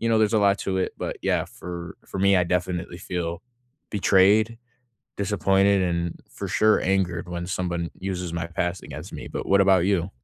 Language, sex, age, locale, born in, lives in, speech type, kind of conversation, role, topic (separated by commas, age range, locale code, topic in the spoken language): English, male, 18-19, en-US, United States, United States, conversation, unstructured, none, Have you ever felt angry when someone used your past against you?